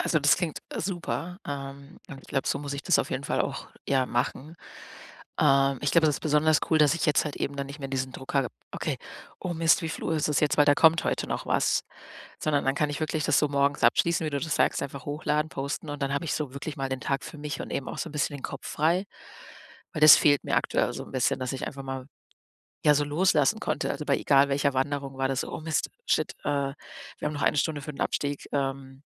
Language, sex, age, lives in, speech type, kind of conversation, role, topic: German, female, 30-34, Germany, conversation, advice, user, Wie bleibe ich unterwegs achtsam und reduziere Stress?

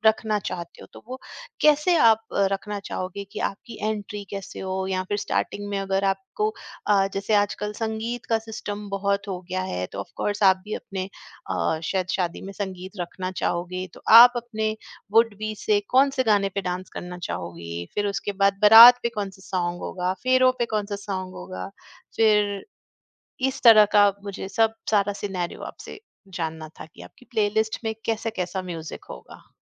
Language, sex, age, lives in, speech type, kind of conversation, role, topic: Hindi, female, 45-49, India, conversation, podcast, host, Tumhara wedding ya celebration soundtrack kaise hona chahiye?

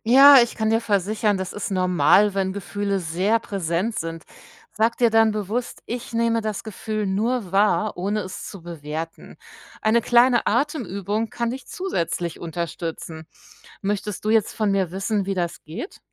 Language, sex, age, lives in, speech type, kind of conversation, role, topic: German, female, 45-49, Germany, read, expert-assistant, expert, Wie finde ich heraus, welche Methoden wirklich helfen, um die eigene emotionale Intelligenz zu stärken?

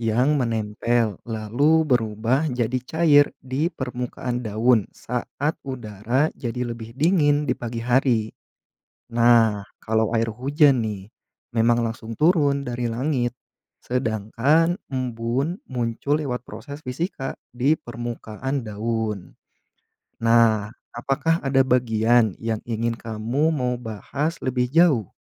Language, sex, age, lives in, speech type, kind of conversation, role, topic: Indonesian, male, 30-34, Indonesia, read, expert-assistant, expert, Mengapa daun mengeluarkan embun di pagi hari?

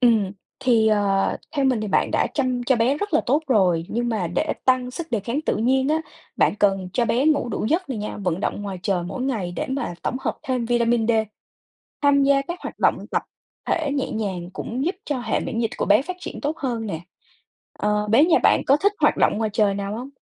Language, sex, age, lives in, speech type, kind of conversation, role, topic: Vietnamese, female, 20-24, United States, read, expert-assistant, expert, Có thật là uống kháng sinh không đủ liều sẽ dẫn đến tình trạng kháng thuốc nguy hiểm không? Tại sao điều này lại xảy ra và thực tế ở Việt Nam như thế nào?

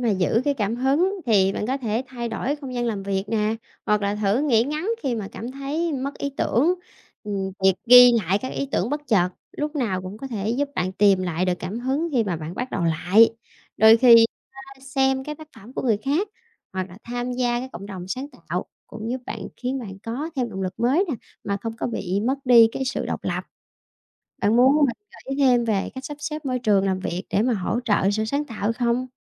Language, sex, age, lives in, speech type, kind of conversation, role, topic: Vietnamese, female, 30-34, Vietnam, read, expert-assistant, expert, Vì sao nên học cách làm việc độc lập?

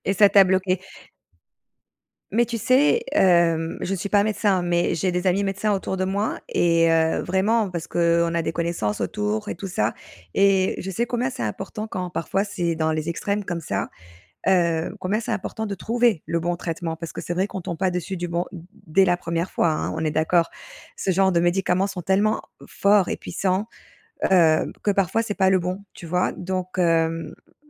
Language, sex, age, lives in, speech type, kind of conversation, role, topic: French, female, 35-39, Spain, conversation, advice, advisor, Comment arrêter de ruminer pour résoudre mes vrais problèmes et agir efficacement sans rester paralysé par l’anxiété ?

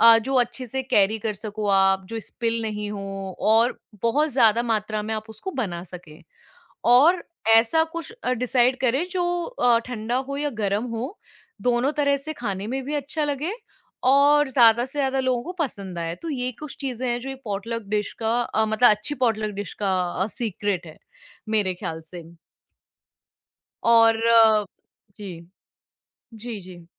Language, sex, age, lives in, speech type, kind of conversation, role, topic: Hindi, female, 25-29, India, conversation, podcast, guest, Potluck mein achchi dish banane ka raaz kya hai?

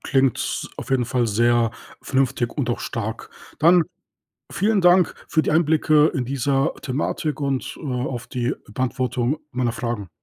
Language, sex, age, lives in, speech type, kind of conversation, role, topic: German, male, 30-34, Germany, conversation, podcast, host, Wie findest du die Balance zwischen Arbeit und Freizeit?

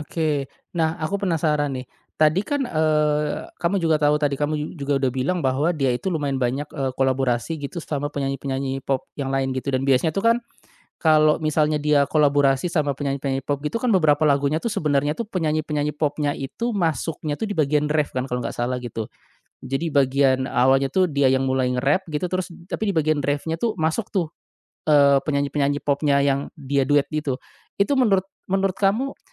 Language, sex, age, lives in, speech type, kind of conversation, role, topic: Indonesian, male, 35-39, Indonesia, conversation, podcast, host, Siapa musisi yang paling berpengaruh terhadap selera musikmu?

